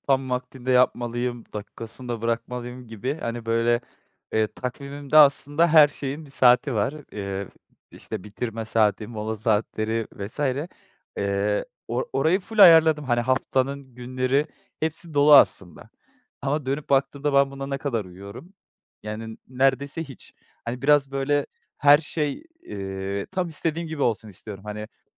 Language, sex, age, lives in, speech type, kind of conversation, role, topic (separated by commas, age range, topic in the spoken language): Turkish, male, 25-29, Netherlands, conversation, advice, user, Zihinsel yorgunluk ve tükenmişlik nedeniyle derin çalışmaya odaklanamıyorum; ne yapabilirim?